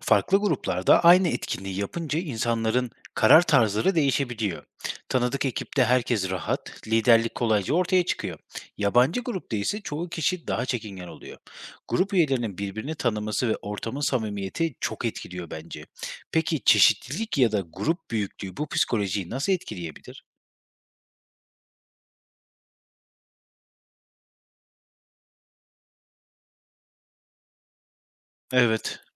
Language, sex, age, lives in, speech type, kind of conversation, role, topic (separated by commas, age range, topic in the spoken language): Turkish, male, 30-34, Germany, read, expert-assistant, asker, İnsanların neden belirli şekilde davrandığını öğrenmek için sizce en keyifli bilgi kaynakları neler olabilir?